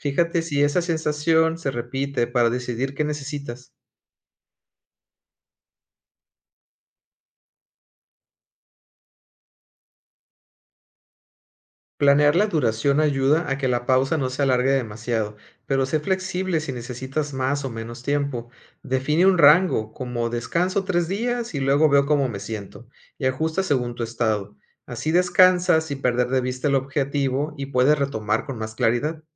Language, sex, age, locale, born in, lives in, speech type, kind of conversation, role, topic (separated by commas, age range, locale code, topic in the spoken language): Spanish, male, 40-44, es-MX, Mexico, Mexico, read, expert-assistant, expert, ¿Por qué nunca termino lo que me propongo y cómo puedo saber qué me está frenando?